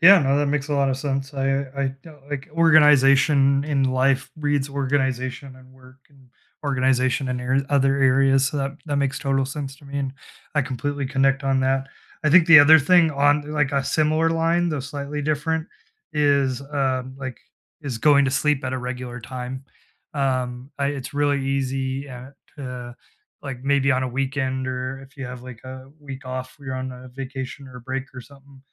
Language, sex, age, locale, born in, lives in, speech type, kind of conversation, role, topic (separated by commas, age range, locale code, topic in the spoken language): English, male, 30-34, en-US, United States, United States, conversation, unstructured, none, What simple self-care habits do you actually keep doing?